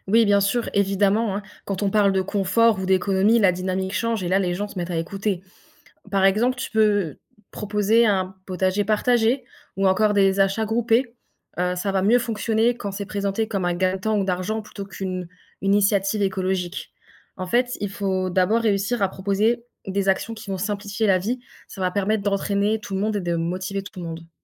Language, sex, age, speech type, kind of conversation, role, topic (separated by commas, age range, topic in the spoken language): French, female, 20-24, read, expert-assistant, expert, Réduire notre empreinte carbone : quels efforts apportent le plus de bénéfices pour la planète et pour nous ?